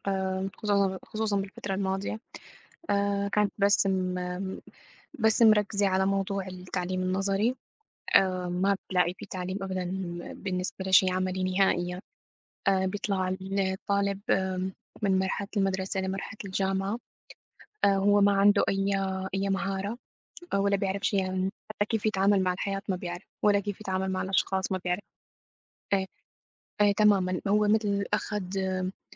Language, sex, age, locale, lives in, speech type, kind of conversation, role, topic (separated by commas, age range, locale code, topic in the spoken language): Arabic, female, 25-29, ar-SY, United States, conversation, unstructured, none, هل المدارس بتهتم بتعليم المهارات العملية كفاية؟